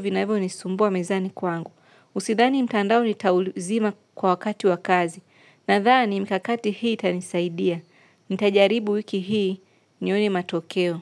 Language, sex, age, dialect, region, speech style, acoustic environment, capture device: Swahili, female, 25-34, Tanzania - Dar es Salaam, Tanzania, relaxed, nature; silence, mobile / Linux / Chrome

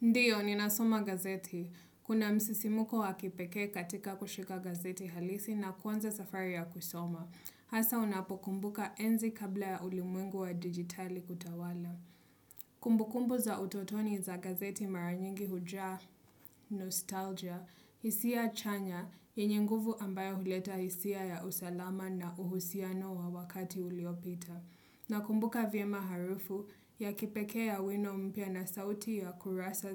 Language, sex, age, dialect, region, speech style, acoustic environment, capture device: Swahili, female, 25-34, Kenya - Mombasa, Kenya, happy; relaxed; focused, nature; appliances; silence, desktop / Windows / Chrome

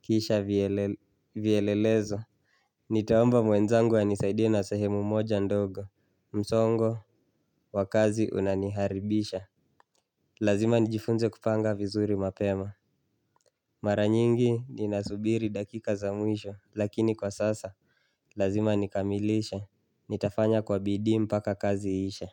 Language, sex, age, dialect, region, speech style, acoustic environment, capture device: Swahili, male, 25-34, Kenya - Nairobi Sheng-influenced, Kenya, focused, silence, mobile / Linux / Chrome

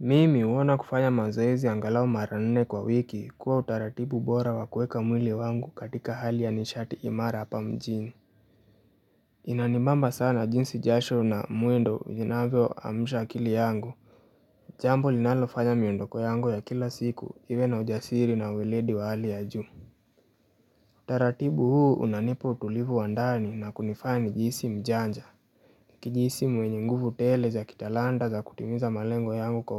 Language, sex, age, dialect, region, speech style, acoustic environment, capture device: Swahili, male, 18-24, Kenya - Nairobi Sheng-influenced, Kenya, relaxed; happy; focused, nature; appliances, mobile / Linux / Chrome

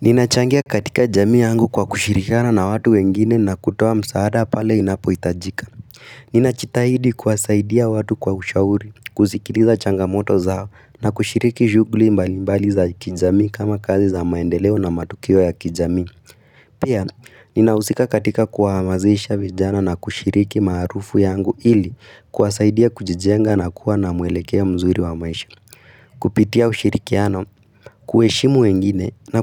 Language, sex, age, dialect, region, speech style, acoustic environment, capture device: Swahili, female, 18-24, Kenya - Nairobi Sheng-influenced, Kenya, focused, silence, mobile / Linux / Chrome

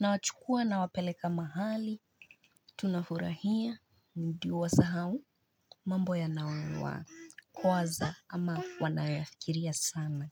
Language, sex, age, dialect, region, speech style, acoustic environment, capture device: Swahili, female, 25-34, Kenya - Nairobi Sheng-influenced, Kenya, relaxed, construction, mobile / Linux / Chrome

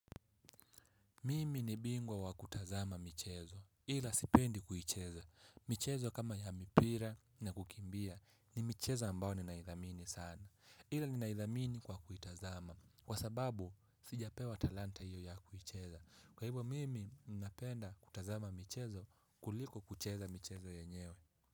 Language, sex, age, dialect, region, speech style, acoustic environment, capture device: Swahili, male, 18-24, Kenya - Mombasa, Kenya, happy; relaxed; focused, people talking; appliances; nature, mobile / Linux / Chrome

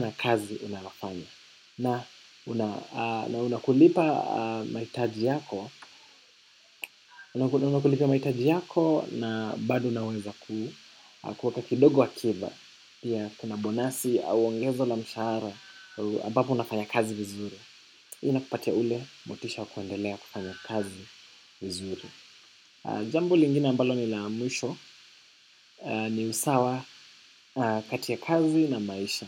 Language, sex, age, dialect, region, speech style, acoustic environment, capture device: Swahili, male, 18-24, Kenya - Nairobi Sheng-influenced, Kenya, relaxed, silence, desktop / macOS / Chrome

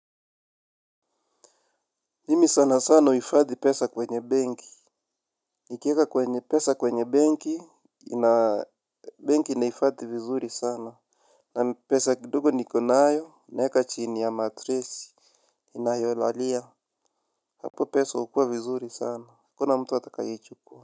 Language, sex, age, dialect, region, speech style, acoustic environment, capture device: Swahili, male, 25-34, Kenya - Nairobi Sheng-influenced, Kenya, happy, nature, mobile / Linux / Chrome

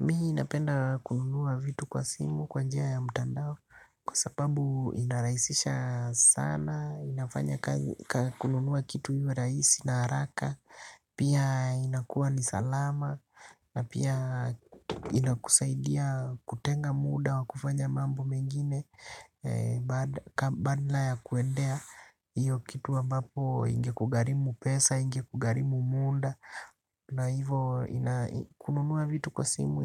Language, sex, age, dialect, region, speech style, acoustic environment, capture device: Swahili, male, 25-34, Kenya - Nairobi Sheng-influenced, Kenya, relaxed; happy; excited; focused, silence, desktop / Linux / Chrome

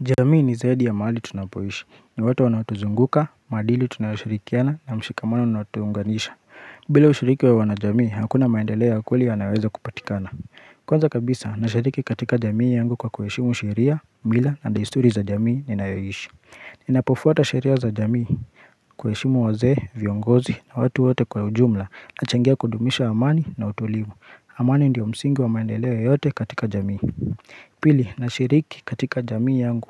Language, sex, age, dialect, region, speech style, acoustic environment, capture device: Swahili, male, 25-34, Kenya - Nairobi Sheng-influenced, Kenya, focused, silence, mobile / Linux / Chrome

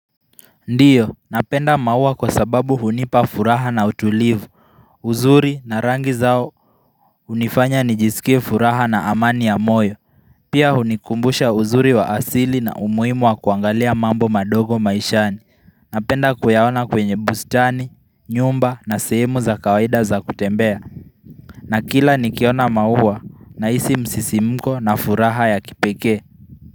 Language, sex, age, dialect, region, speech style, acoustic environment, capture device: Swahili, male, 18-24, Kenya - Nairobi Sheng-influenced, Kenya, focused, people talking; music; nature; silence; traffic; construction; appliances, mobile / Linux / Chrome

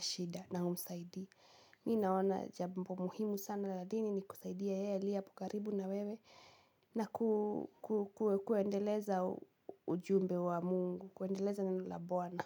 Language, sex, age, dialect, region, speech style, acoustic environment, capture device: Swahili, female, 18-24, Kenya - Kiambu, Kenya, anxious, nature, mobile / Linux / Chrome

